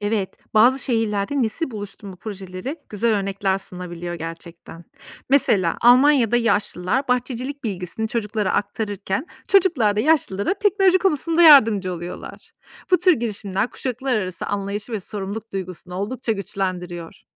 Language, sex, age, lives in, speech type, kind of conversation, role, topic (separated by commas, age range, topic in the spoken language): Turkish, female, 35-39, Austria, read, expert-assistant, expert, Şehirde çocuklar ve yaşlılar için daha yaşanabilir alanlar nasıl oluşturulabilir?